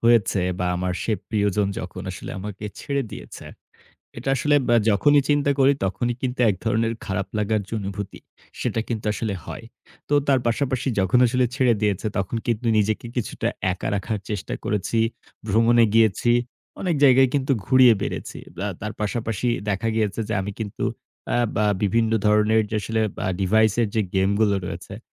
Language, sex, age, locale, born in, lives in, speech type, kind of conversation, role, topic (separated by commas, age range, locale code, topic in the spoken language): Bengali, male, 18-19, bn-BD, Bangladesh, Bangladesh, conversation, advice, user, ব্রেকআপের পর আপনি কেন নিজেকে মূল্যহীন মনে করছেন?